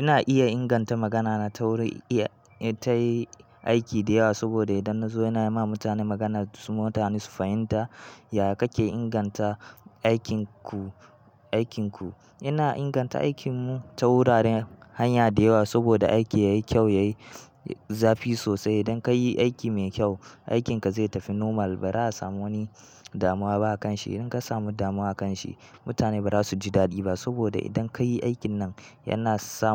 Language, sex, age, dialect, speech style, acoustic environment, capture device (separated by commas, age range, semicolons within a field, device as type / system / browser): Hausa, male, 18-24, Nigeria - Kano, happy, silence, mobile / Linux / Chrome